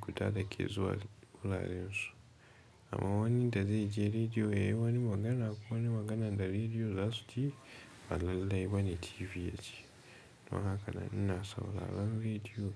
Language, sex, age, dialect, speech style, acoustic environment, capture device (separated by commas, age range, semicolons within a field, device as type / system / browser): Hausa, male, 18-24, Nigeria - Katsina, relaxed; tired, nature; music, mobile / Linux / Chrome